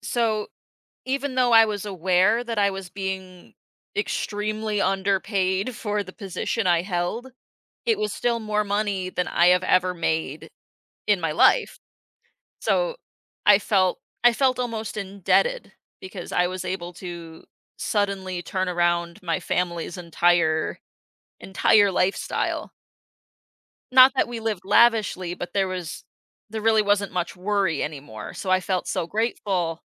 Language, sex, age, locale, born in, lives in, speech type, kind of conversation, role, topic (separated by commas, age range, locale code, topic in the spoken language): English, female, 25-29, en-US, United States, United States, conversation, podcast, guest, What lesson did you learn from failure?